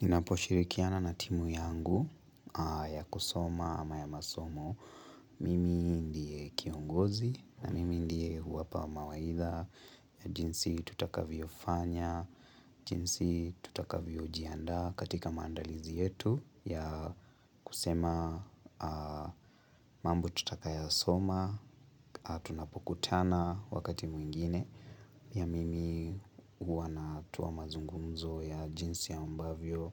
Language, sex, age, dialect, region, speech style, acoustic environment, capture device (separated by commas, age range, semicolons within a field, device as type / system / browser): Swahili, male, 18-24, Kenya - Nairobi Sheng-influenced, Kenya, relaxed, nature, mobile / Linux / Chrome